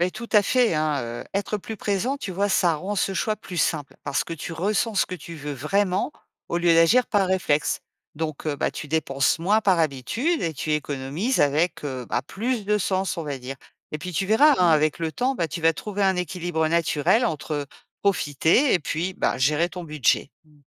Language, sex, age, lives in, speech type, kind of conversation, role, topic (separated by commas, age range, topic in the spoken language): French, female, 50-54, France, read, expert-assistant, expert, Comment trouver un équilibre entre économiser et profiter de la vie au quotidien ?